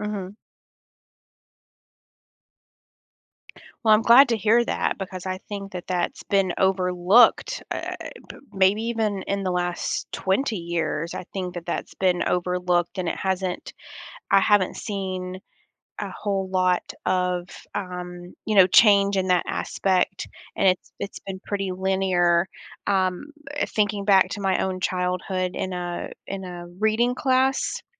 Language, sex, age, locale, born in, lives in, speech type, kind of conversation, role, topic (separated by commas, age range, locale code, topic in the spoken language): English, female, 35-39, en-US, United States, United States, conversation, podcast, guest, What factors make learning most effective for different students?